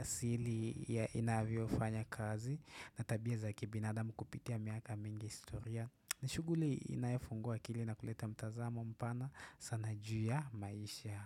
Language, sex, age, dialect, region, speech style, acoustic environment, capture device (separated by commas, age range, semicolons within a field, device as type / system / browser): Swahili, male, 18-24, Kenya - Nairobi Sheng-influenced, Kenya, relaxed; focused, nature, desktop / Windows / Chrome